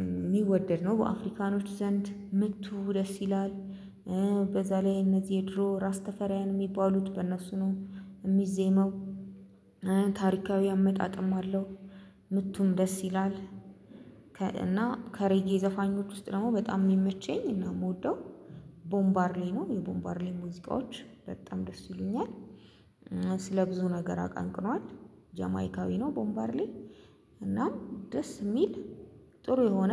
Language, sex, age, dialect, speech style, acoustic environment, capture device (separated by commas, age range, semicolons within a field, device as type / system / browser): Amharic, female, 25-34, Ethiopia - Addis Ababa, happy, silence, mobile / Linux / Chrome